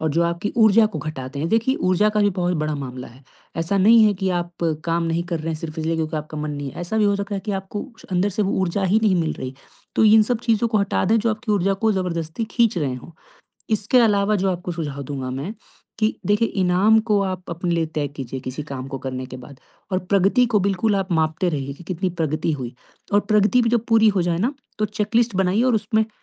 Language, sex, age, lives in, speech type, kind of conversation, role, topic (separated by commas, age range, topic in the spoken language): Hindi, male, 20-24, India, conversation, advice, advisor, मैं काम टालना कैसे बंद कर सकता/सकती हूँ?